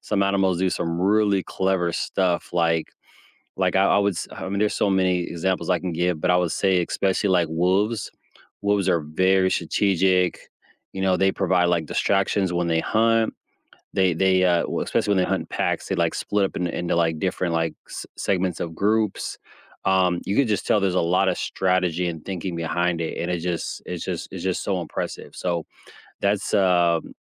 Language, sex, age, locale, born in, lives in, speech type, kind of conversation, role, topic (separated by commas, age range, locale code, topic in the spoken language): English, male, 35-39, en-US, United States, United States, conversation, unstructured, none, Have you ever been surprised by how animals help each other?